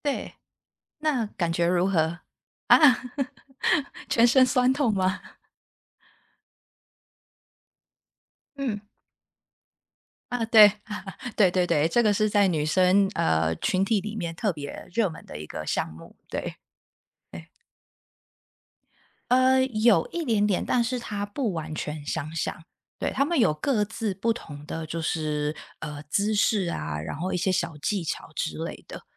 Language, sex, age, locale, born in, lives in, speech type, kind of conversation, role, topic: Chinese, female, 30-34, zh-TW, Taiwan, United States, conversation, unstructured, none, 你平时最喜欢什么运动？为什么？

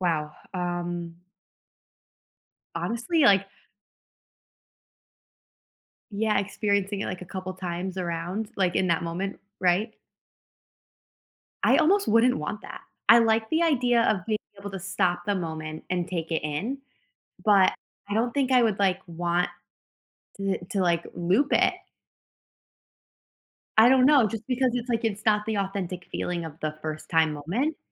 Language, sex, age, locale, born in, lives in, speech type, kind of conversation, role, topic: English, female, 30-34, en-US, United States, United States, conversation, unstructured, none, Would you rather have a rewind button for your life or a pause button?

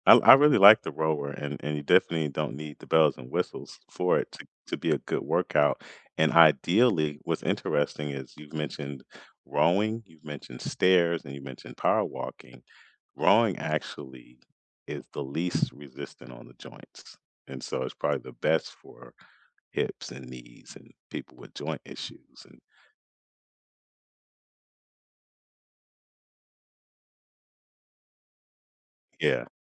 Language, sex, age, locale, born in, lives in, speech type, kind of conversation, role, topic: English, male, 45-49, en-US, United States, United States, conversation, unstructured, none, What is the best way to start a workout routine?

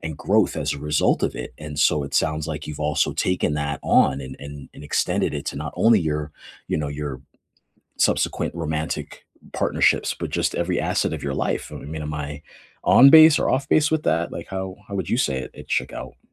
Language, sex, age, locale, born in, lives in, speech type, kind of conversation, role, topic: English, male, 40-44, en-US, United States, United States, conversation, unstructured, none, How do you prefer to give and receive care, and what do your love languages reveal about how to feel supported together?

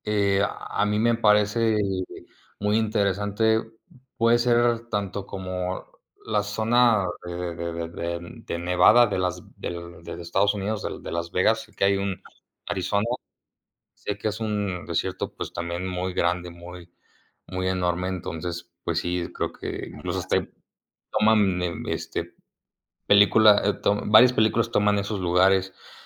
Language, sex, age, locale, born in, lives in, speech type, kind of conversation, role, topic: Spanish, male, 20-24, es-MX, Mexico, Mexico, conversation, unstructured, none, ¿Cuál es tu lugar natural favorito y por qué?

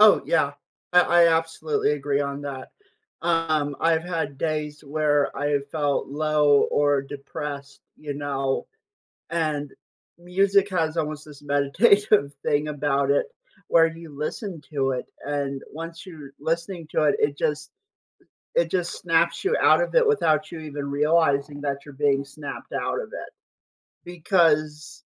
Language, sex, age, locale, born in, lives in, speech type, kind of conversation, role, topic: English, male, 25-29, en-US, United States, United States, conversation, podcast, guest, How can everyday habits help nurture creativity in our lives?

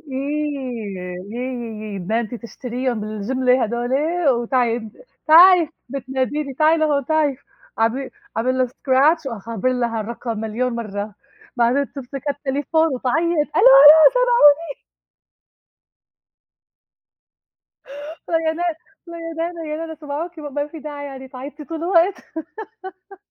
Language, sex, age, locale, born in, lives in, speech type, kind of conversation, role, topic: Arabic, female, 35-39, ar-SY, Egypt, United States, conversation, unstructured, none, إيه أجمل ذكرى من طفولتك؟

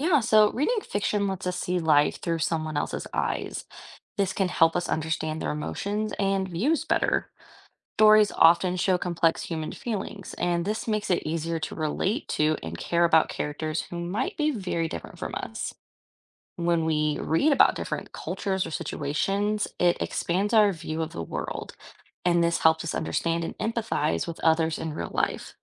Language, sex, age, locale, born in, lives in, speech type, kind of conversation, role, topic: English, female, 25-29, en-US, United States, United States, read, expert-assistant, expert, How does reading fiction enhance empathy and understanding?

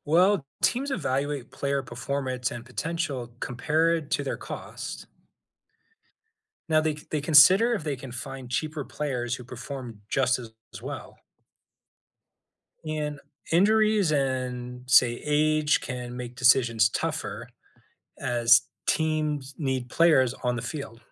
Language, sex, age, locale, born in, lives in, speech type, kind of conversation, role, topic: English, male, 40-44, en-US, United States, United States, read, expert-assistant, expert, Explain how the salary cap works in the NFL.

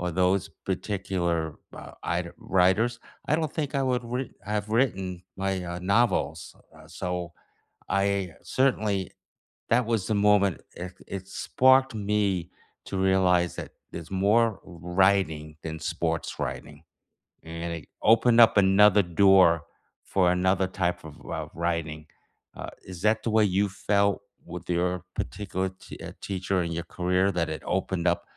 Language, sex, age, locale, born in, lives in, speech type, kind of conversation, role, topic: English, male, 65-69, en-US, United States, United States, conversation, unstructured, none, Which teacher inspired you most, what did they spark in you, and how did it shape you?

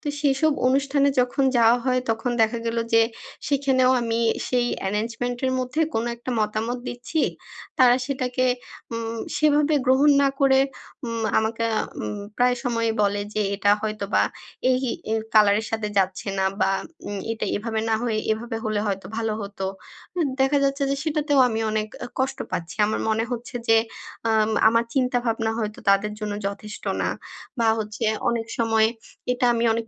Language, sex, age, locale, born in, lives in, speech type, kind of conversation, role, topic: Bengali, female, 25-29, bn-BD, Bangladesh, Bangladesh, conversation, advice, user, অন্যদের অস্বীকৃতি আমি কীভাবে ব্যক্তিগতভাবে না নিয়ে শান্ত থাকতে পারি?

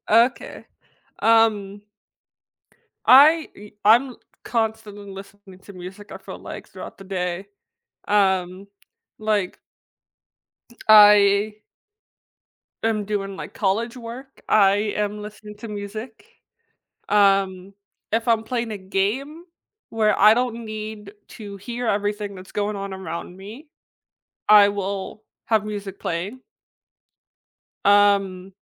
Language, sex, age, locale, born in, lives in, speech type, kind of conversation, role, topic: English, female, 20-24, en-US, United States, United States, conversation, podcast, host, How does music shape your routines and experiences throughout the day?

